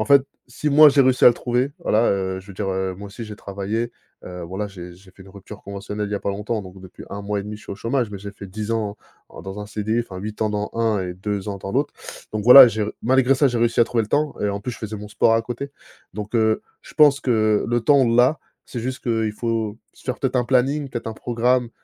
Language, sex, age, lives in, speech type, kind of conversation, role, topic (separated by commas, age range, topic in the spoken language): French, male, 30-34, France, conversation, podcast, guest, Quelle est ta routine pour déconnecter le soir ?